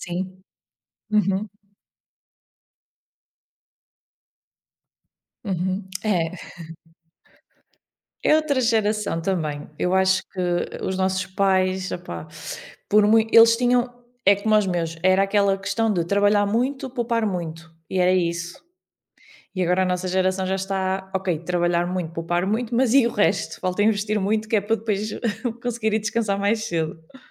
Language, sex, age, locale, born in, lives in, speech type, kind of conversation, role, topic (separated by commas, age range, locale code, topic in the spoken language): Portuguese, female, 30-34, pt-PT, Portugal, Portugal, conversation, unstructured, none, Você acha importante ensinar educação financeira na escola? Por quê?